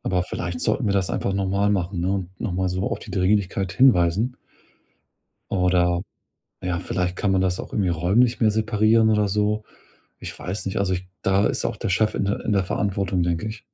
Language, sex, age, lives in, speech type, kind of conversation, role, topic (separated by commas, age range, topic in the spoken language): German, male, 40-44, Germany, conversation, advice, user, Wie kann ich häufige Wutanfälle wegen Kleinigkeiten besser in den Griff bekommen, die meine Beziehungen und meinen Alltag stören?